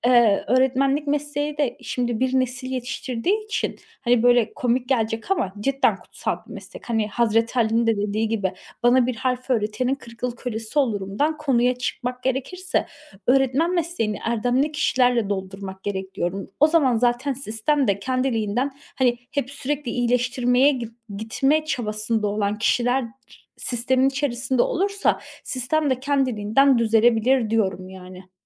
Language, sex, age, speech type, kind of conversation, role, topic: Turkish, female, 30-34, conversation, podcast, guest, Sence okullarda başarısızlığa bakış nasıl değişmeli?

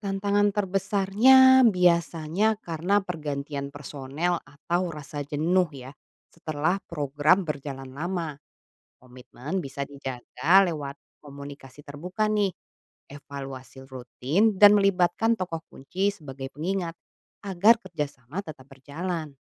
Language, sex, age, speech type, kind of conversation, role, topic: Indonesian, female, 35-39, read, expert-assistant, expert, Apa langkah terbaik untuk memastikan program kesehatan baru bisa diterima oleh masyarakat yang punya tradisi pengobatan sendiri?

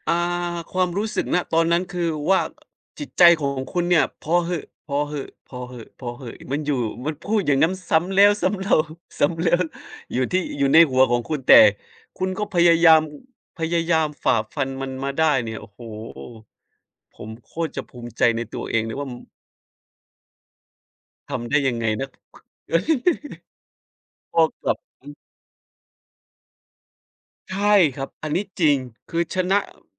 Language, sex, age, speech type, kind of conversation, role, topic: Thai, male, 30-34, conversation, unstructured, none, คุณภูมิใจในตัวเองเรื่องอะไรบ้าง?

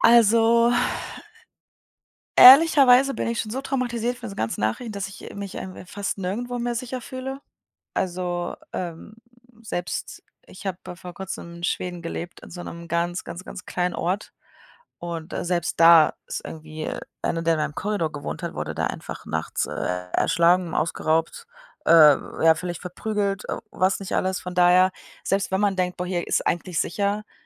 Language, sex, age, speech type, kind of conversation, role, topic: German, female, 25-29, conversation, advice, user, Wie kann ich neue Orte sicher und selbstbewusst erkunden, ohne mich überfordert zu fühlen?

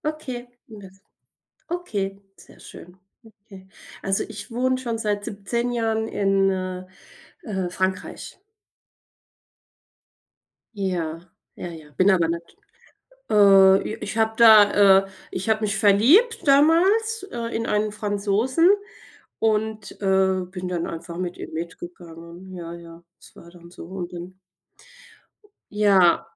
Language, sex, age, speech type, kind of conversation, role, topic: German, female, 40-44, conversation, unstructured, none, Welche Vorteile hat es, neue Länder zu entdecken?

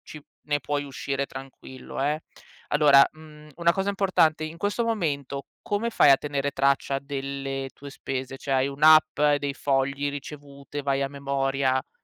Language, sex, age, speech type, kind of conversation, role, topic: Italian, female, 35-39, conversation, advice, advisor, Come posso sentirmi meno sopraffatto nel tenere traccia di molte piccole spese quotidiane?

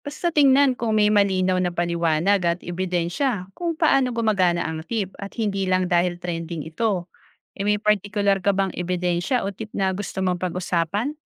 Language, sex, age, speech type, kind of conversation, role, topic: Filipino, female, 35-39, read, expert-assistant, expert, Paano mo masisiguro na hindi fake news o haka-haka lang ang mga productivity advice na nababasa mo online?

